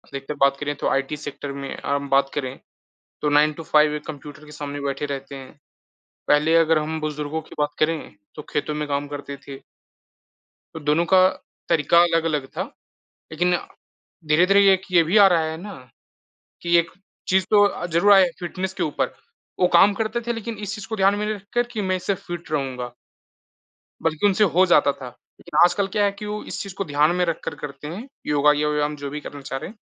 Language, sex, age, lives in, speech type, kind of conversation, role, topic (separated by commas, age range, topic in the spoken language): Hindi, male, 20-24, India, conversation, unstructured, none, क्या सोशल मीडिया फिटनेस के रुझानों को लेकर अंधविश्वास फैलाता है?